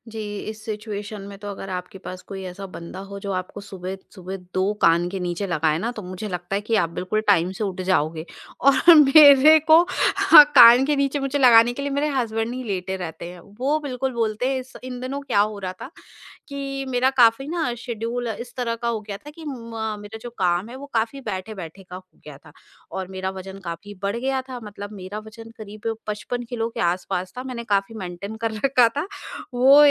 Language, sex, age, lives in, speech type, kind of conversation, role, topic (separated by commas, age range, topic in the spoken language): Hindi, female, 40-44, India, conversation, podcast, guest, Subah uthte hi aap apni energy kaise boost karte ho?